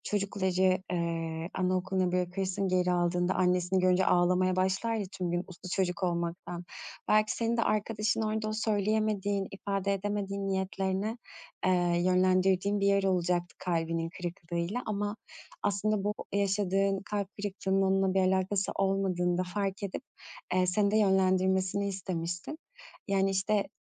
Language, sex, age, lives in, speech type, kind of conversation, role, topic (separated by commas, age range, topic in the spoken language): Turkish, female, 35-39, Greece, conversation, podcast, host, Ağır sözler söyledikten sonra bir ilişkiyi onarmak için ne yaparsın?